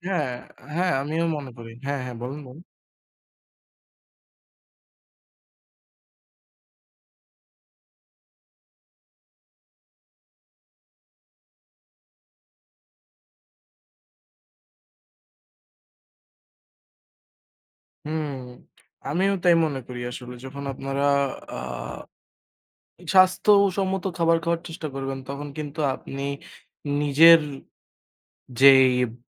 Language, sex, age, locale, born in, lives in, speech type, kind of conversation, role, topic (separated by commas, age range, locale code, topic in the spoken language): Bengali, male, 20-24, bn-BD, Bangladesh, Bangladesh, conversation, unstructured, none, দৈনন্দিন খাবারে একঘেয়েমি কেন আপনার বিরক্তি বাড়ায়?